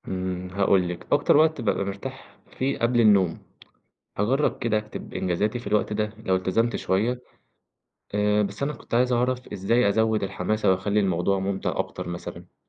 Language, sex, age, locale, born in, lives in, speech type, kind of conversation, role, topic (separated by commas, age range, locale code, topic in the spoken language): Arabic, male, 20-24, ar-EG, Egypt, Egypt, read, expert-assistant, asker, إزاي أقدر أواجه التسويف وأحافظ على تحفيزي لما يكون عندي أهداف كتير؟